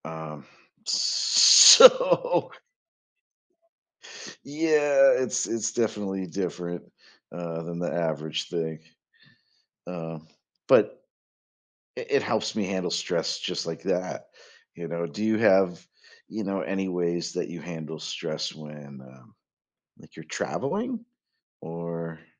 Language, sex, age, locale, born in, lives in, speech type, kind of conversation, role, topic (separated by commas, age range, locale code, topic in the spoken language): English, male, 45-49, en-US, United States, United States, conversation, podcast, host, What strategies help you maintain your well-being when life gets hectic?